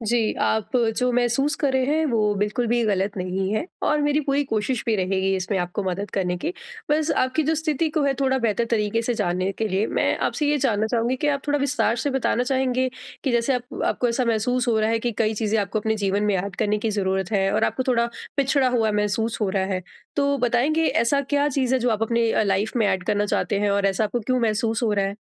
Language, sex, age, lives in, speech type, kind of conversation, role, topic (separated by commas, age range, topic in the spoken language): Hindi, female, 25-29, India, conversation, advice, advisor, तेज़ी से बदलती दुनिया में मैं अपने दैनिक जीवन में बदलावों के साथ खुद को कैसे ढाल सकता/सकती हूँ?